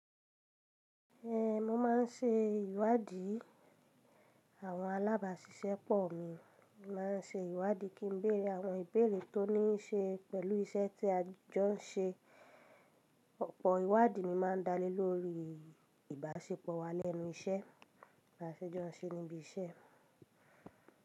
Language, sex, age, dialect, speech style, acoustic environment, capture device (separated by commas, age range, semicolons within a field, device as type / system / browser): Yoruba, female, 25-34, Nigeria - Ibadan, relaxed, silence, mobile / Linux / Chrome